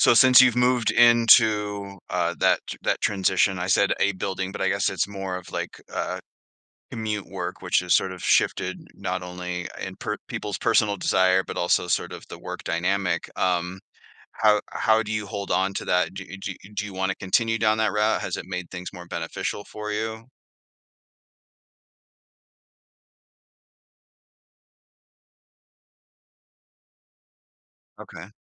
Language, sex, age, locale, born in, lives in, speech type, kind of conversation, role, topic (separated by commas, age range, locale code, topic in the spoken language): English, male, 40-44, en-US, Canada, United States, conversation, podcast, host, What habits or routines help you maintain a healthy balance between work and personal life?